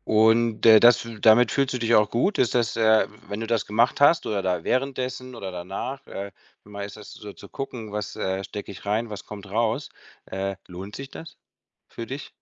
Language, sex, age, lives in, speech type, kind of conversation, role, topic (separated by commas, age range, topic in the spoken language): German, male, 50-54, Spain, conversation, advice, advisor, Wie blockiert dich Perfektionismus bei deiner Arbeit oder deinen Projekten?